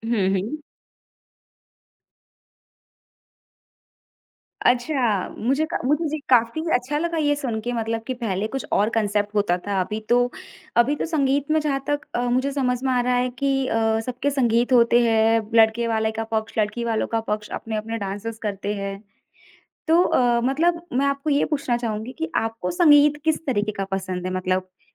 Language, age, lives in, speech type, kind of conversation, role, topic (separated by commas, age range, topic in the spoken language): Hindi, 25-29, India, conversation, podcast, host, Shaadi ke functions mein aapki family ka group mahaul kaisa hota hai?